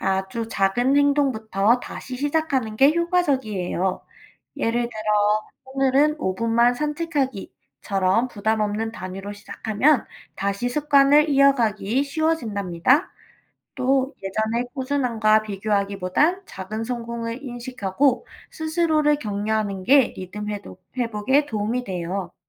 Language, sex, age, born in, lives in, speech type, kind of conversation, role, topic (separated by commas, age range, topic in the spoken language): Korean, female, 25-29, South Korea, United States, read, expert-assistant, expert, 목표를 세울 때, 나에게 진짜 잘 맞는 방법을 어떻게 찾을 수 있을까요?